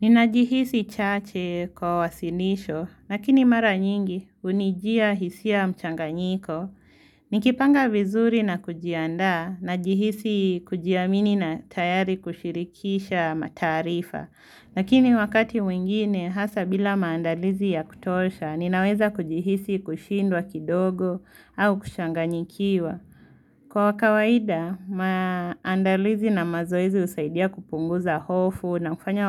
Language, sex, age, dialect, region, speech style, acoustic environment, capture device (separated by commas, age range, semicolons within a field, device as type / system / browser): Swahili, female, 18-24, Kenya - Nairobi Sheng-influenced, Kenya, focused; excited, silence, mobile / Linux / Chrome